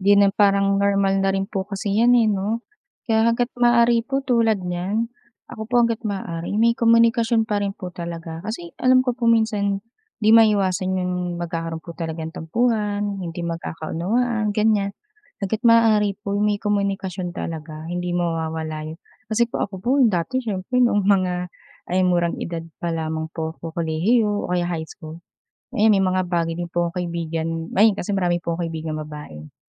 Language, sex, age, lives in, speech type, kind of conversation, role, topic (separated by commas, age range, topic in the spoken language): Filipino, female, 25-29, Philippines, conversation, unstructured, none, Ano ang kahalagahan ng pagtitiwala sa pagkakaibigan para sa’yo?